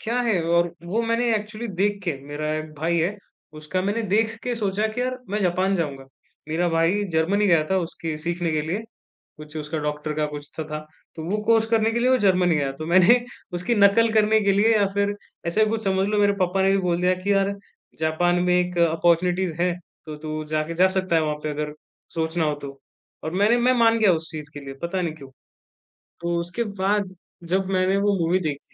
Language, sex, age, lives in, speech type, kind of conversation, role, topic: Hindi, male, 20-24, India, conversation, podcast, guest, Kaunsi kahaani tumhe khamosh chintan mein le jaati hai?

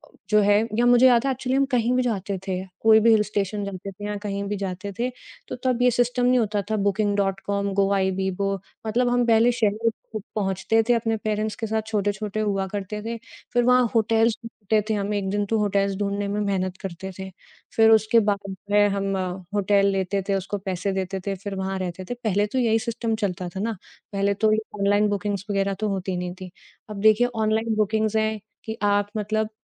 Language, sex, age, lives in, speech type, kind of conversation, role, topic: Hindi, female, 30-34, India, conversation, podcast, guest, Online communities aur real life mein tumhein kya farq lagta hai?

